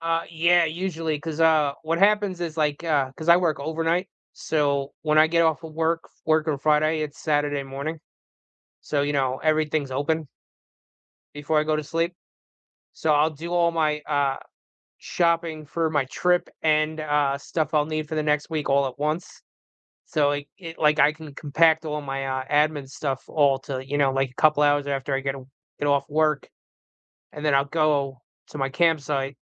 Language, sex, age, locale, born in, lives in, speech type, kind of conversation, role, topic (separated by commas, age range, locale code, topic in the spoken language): English, male, 40-44, en-US, United States, United States, conversation, unstructured, none, How do you recharge—solo time or social time?